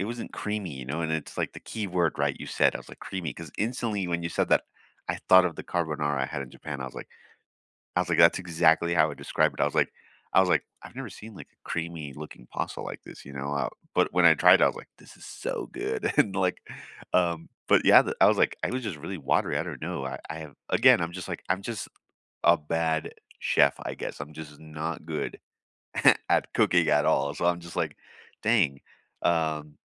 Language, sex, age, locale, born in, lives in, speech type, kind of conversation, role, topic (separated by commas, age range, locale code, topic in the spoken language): English, male, 30-34, en-US, United States, United States, conversation, unstructured, none, What simple, comforting meals make you feel nourished and happy, and what stories do they hold?